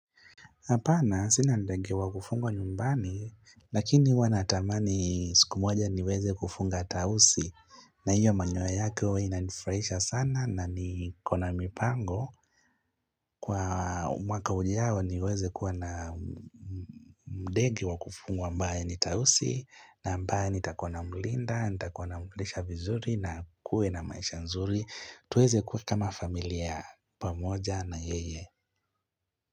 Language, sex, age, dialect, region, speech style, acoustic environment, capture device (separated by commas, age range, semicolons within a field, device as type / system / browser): Swahili, male, 25-34, Kenya - Mombasa, Kenya, happy; relaxed; focused; excited, nature, mobile / Linux / Chrome